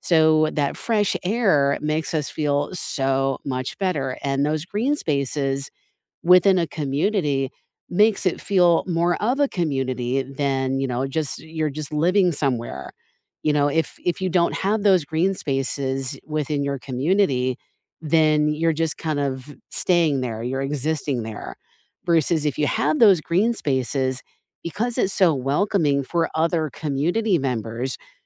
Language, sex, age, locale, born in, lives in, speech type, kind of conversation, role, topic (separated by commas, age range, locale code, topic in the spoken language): English, female, 45-49, en-US, United States, United States, conversation, unstructured, none, How do parks and green spaces improve a community?